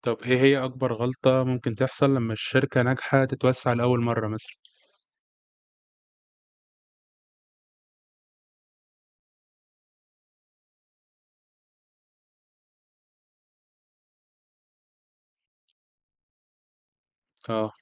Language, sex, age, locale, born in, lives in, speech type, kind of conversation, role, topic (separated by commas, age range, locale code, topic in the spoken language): Arabic, male, 20-24, ar-EG, Egypt, Egypt, read, expert-assistant, asker, مين أنسب أكتر للشركات اللي لسه بتبدأ: استراتيجية التركيز على سوق واحد ولا التوسع في كذا سوق في نفس الوقت؟